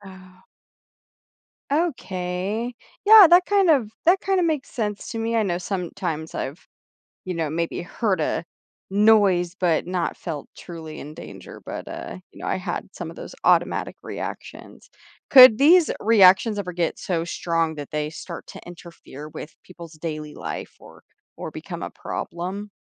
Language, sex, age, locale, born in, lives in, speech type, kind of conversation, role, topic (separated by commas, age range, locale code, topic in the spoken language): English, female, 25-29, en-US, United States, United States, read, expert-assistant, asker, Why do we get goosebumps when we are cold or scared?